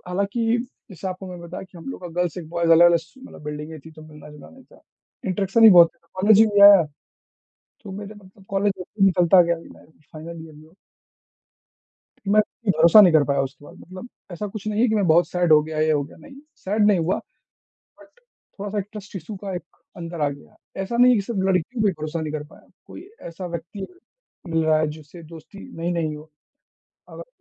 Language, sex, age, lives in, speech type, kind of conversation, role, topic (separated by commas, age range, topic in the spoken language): Hindi, male, 20-24, India, conversation, unstructured, none, क्या माफ़ करना हमेशा सही होता है?